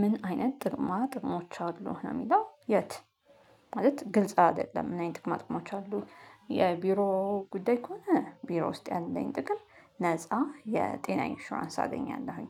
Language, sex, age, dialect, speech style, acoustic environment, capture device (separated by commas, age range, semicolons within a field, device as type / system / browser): Amharic, female, 35-44, Ethiopia - Addis Ababa, happy; nervous, silence, mobile / Linux / Chrome